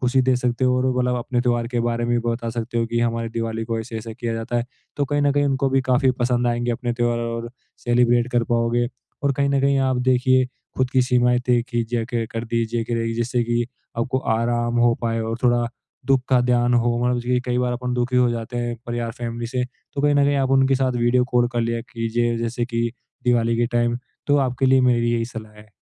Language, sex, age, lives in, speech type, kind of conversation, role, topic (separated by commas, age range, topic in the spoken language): Hindi, male, 18-19, India, conversation, advice, advisor, मैं त्योहारों के दौरान अक्सर अकेला और अलग-थलग क्यों महसूस करता/करती हूँ?